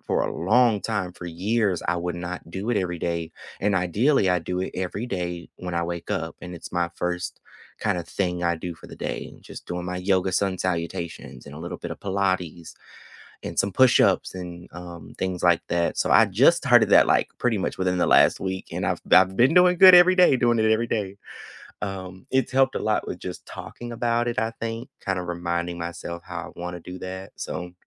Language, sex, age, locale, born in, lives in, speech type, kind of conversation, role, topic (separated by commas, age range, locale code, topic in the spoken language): English, male, 25-29, en-US, United States, United States, conversation, unstructured, none, What are some simple ways you check in on your mental health and feel more connected?